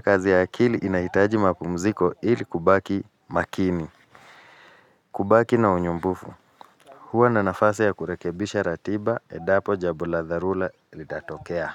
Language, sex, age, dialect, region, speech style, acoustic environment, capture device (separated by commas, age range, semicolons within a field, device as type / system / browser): Swahili, male, 35-44, Kenya - Nairobi Sheng-influenced, Kenya, focused, nature, mobile / Linux / Chrome